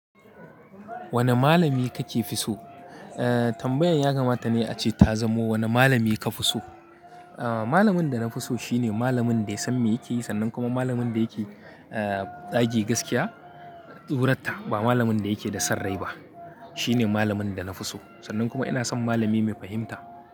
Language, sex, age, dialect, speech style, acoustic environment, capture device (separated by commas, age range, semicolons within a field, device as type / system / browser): Hausa, male, 25-34, Nigeria - Kano, happy; anxious; excited, people talking; nature, mobile / Linux / Chrome